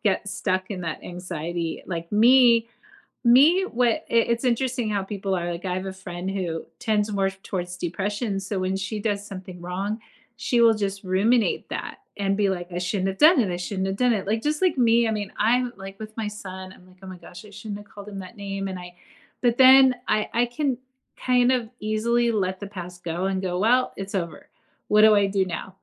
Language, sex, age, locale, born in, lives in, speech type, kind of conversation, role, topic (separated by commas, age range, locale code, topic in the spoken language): English, female, 45-49, en-US, United States, United States, conversation, podcast, host, How have your biggest mistakes helped you grow or change your perspective?